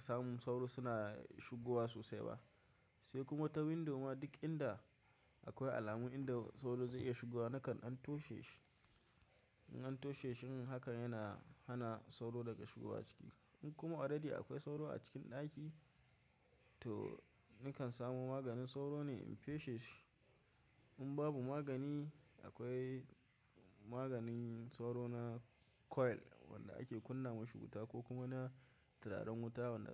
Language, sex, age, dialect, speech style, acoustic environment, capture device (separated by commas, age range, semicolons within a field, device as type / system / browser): Hausa, male, 25-34, Nigeria - Kano, relaxed, silence, mobile / macOS / Safari